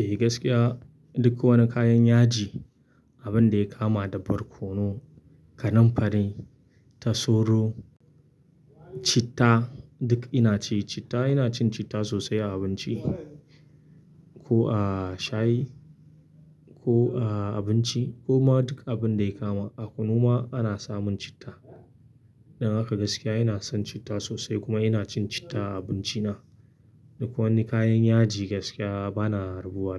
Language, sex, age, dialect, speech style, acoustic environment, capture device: Hausa, male, 25-34, Nigeria - Kano, excited; focused; relaxed; happy, nature, mobile / Linux / Chrome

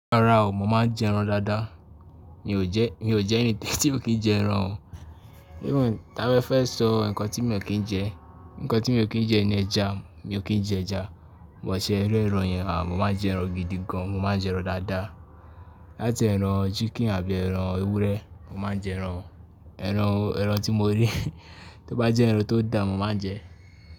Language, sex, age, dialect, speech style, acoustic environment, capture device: Yoruba, male, 18-24, Nigeria - Ibadan, focused, silence, mobile / Linux / Chrome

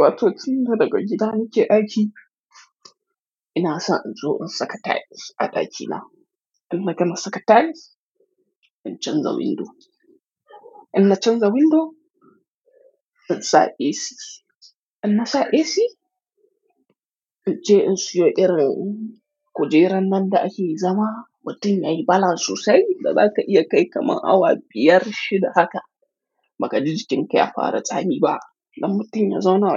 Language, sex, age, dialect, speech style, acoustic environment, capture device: Hausa, male, 25-34, Nigeria - Kano, relaxed, silence, mobile / Linux / Chrome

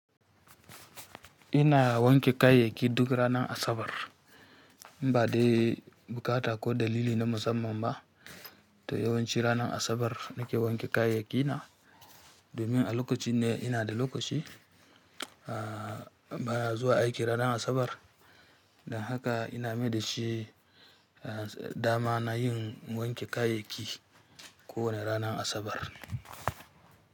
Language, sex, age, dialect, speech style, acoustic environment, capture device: Hausa, female, 25-34, Nigeria - Kano, happy; focused; relaxed, silence, mobile / Linux / Chrome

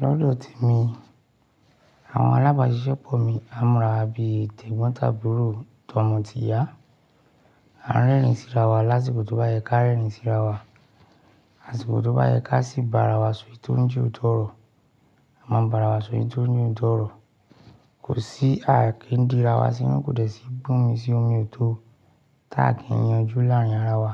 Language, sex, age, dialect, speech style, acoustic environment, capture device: Yoruba, male, 35-44, Nigeria - Ibadan, happy, silence, mobile / Linux / Chrome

